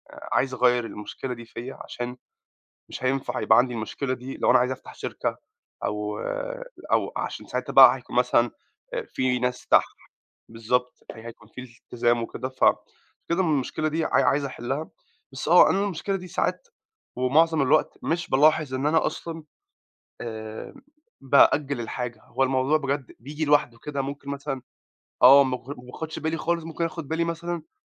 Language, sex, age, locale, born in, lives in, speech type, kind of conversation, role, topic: Arabic, male, 25-29, ar-EG, Egypt, United States, conversation, advice, user, بتسوّف وبتأجّل المهام المهمة لحد آخر لحظة ليه؟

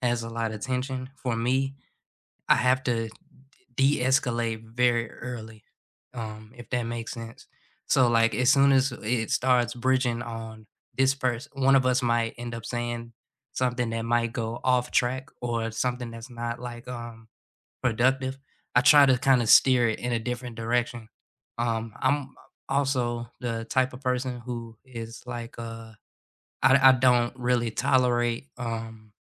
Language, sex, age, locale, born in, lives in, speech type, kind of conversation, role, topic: English, male, 25-29, en-US, United States, United States, conversation, unstructured, none, What helps you cool off and stay connected when a conversation starts getting heated?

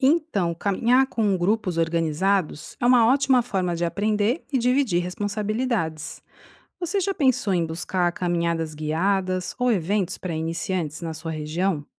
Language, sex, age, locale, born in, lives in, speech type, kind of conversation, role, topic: Portuguese, female, 45-49, pt-BR, Brazil, Italy, read, expert-assistant, expert, Como posso melhorar minhas habilidades em atividades outdoor, tipo trilha ou bicicleta, sem precisar de cursos formais?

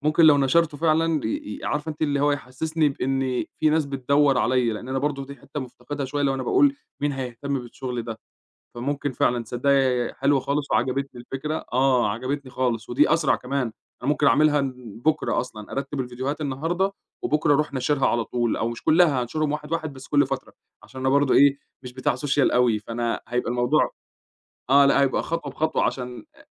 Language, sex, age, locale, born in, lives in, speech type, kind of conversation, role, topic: Arabic, male, 25-29, ar-EG, Egypt, Egypt, conversation, advice, user, إزاي أرجّع حماسي لمشروع فني كنت شغال عليه قبل كده؟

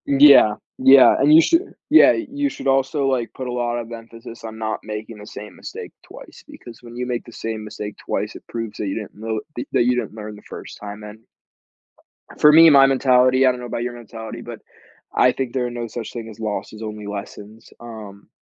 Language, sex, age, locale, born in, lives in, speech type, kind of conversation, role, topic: English, male, 18-19, en-US, United States, United States, conversation, advice, advisor, How can I apologize and rebuild trust after saying harsh words in anger?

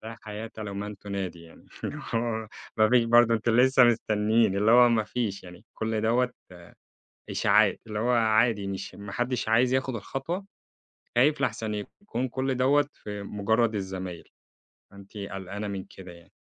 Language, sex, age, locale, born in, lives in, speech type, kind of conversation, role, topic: Arabic, male, 25-29, ar-EG, Egypt, Egypt, conversation, podcast, host, إيه الأغنية اللي بتحكي قصة من حياتك؟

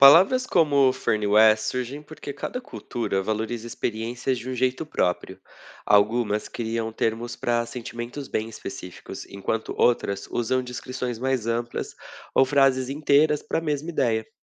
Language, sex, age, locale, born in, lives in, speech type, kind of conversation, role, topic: Portuguese, male, 30-34, pt-BR, Brazil, Portugal, read, expert-assistant, expert, Por que será que algumas palavras existem em uma língua e não em outra? O que isso diz sobre as culturas?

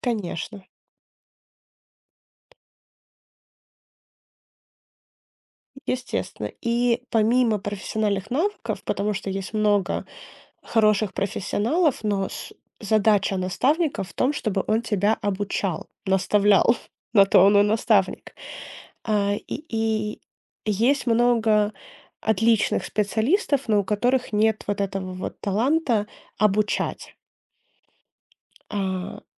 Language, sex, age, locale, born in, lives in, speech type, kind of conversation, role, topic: Russian, female, 25-29, ru-RU, Ukraine, United States, conversation, podcast, guest, Какие качества важны у хорошего наставника?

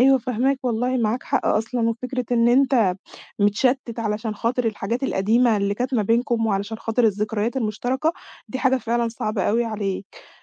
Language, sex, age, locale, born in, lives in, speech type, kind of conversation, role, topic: Arabic, female, 20-24, ar-EG, Egypt, Egypt, conversation, advice, advisor, ازاي انتهت علاقتك الطويلة وبتتأقلم ازاي مع الحياة لوحدك؟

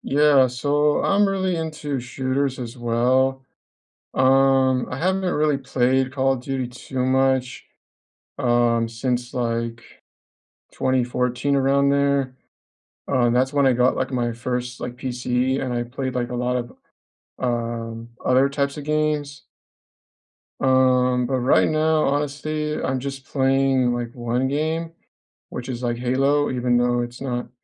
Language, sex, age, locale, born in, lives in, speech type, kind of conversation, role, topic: English, male, 25-29, en-US, United States, United States, conversation, unstructured, none, What hobbies have you been meaning to try this year?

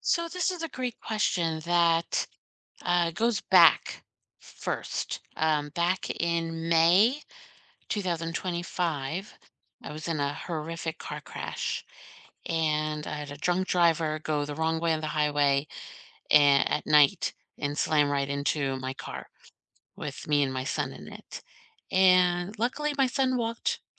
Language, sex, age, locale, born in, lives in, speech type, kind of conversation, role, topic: English, female, 50-54, en-US, United States, United States, conversation, unstructured, none, What recent moment genuinely made you smile, and what made it feel special to you?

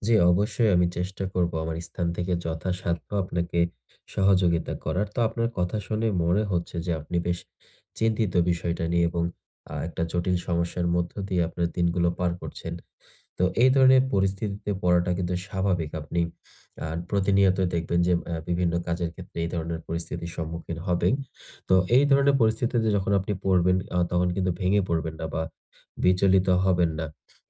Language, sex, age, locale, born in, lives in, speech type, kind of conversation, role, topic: Bengali, male, 20-24, bn-BD, Bangladesh, Bangladesh, conversation, advice, advisor, প্রতিদিন নির্দিষ্ট কাজের জন্য আমি কীভাবে সময় নির্ধারণ করে রাখতে পারি?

